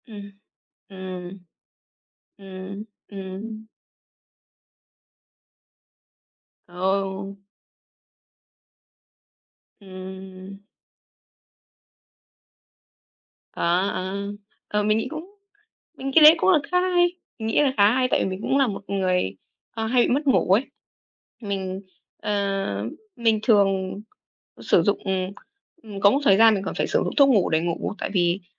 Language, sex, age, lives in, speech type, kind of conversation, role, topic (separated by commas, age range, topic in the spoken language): Vietnamese, female, 18-19, Vietnam, conversation, unstructured, none, Bạn thường bắt đầu ngày mới với thói quen gì?